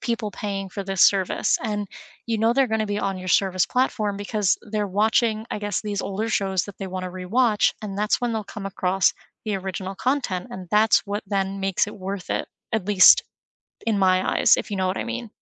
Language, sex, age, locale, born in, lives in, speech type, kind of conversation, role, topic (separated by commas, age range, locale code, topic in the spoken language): English, female, 25-29, en-US, United States, United States, conversation, unstructured, none, Should streaming platforms prioritize original content or large licensed libraries?